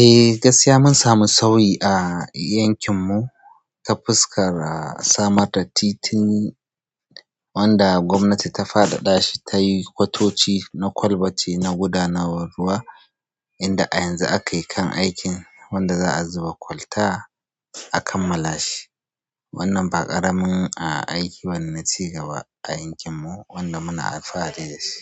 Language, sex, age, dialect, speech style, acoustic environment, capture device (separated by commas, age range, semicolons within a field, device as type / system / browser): Hausa, male, 25-34, Nigeria - Kano, relaxed, silence, mobile / Android / Chrome